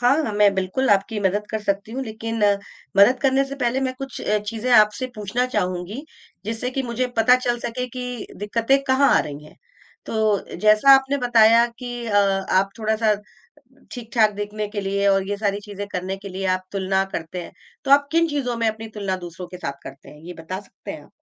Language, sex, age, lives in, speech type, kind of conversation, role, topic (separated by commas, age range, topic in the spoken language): Hindi, female, 45-49, India, conversation, advice, advisor, आप आर्थिक रूप से “ठीक” दिखने और अपनी जीवनशैली बनाए रखने का दबाव कैसे महसूस करते हैं?